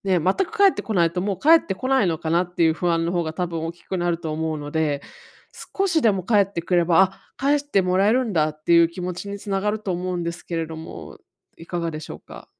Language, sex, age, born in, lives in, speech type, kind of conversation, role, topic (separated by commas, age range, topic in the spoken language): Japanese, female, 35-39, Japan, United States, conversation, advice, advisor, 返済力を高めるために、予算はどのように管理すればよいですか？